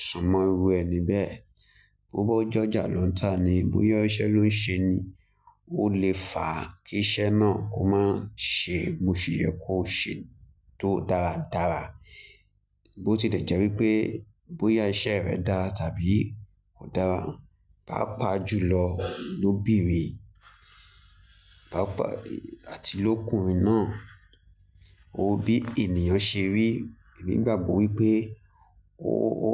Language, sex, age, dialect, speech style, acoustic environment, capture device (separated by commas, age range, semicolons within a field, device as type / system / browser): Yoruba, male, 25-34, Nigeria - Lagos Yoruba, happy; focused, nature, mobile / Linux / Chrome